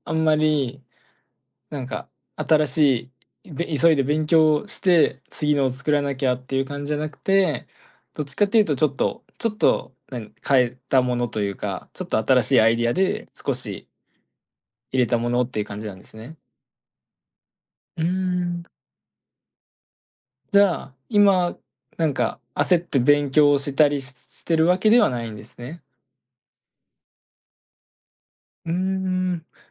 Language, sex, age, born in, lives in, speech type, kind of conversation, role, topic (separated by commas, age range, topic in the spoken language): Japanese, male, 20-24, Japan, Japan, conversation, advice, advisor, 仕事を先延ばししてしまう癖について教えていただけますか？